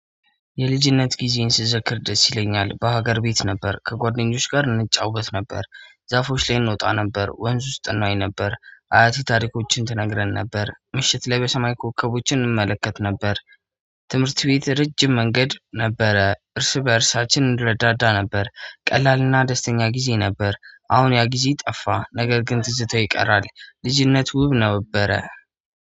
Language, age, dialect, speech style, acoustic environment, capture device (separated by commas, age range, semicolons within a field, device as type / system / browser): Amharic, 25-34, Ethiopia - Addis Ababa, happy, silence, mobile / Android / Chrome